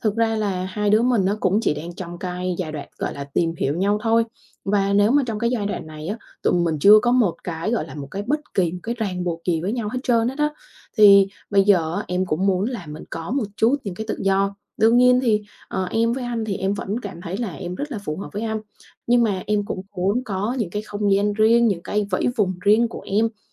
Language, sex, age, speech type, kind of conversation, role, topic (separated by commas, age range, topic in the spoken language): Vietnamese, female, 25-29, conversation, advice, advisor, Làm sao biết khi nào bạn nên cam kết trong mối quan hệ mới này?